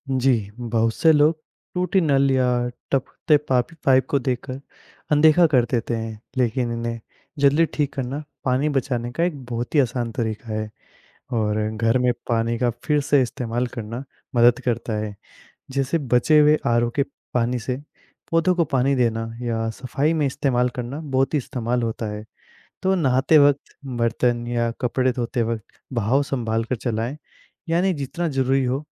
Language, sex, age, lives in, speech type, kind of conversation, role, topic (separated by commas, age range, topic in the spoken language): Hindi, male, 20-24, India, read, expert-assistant, expert, पानी की बर्बादी रोकने के लिए हमें क्या करना चाहिए?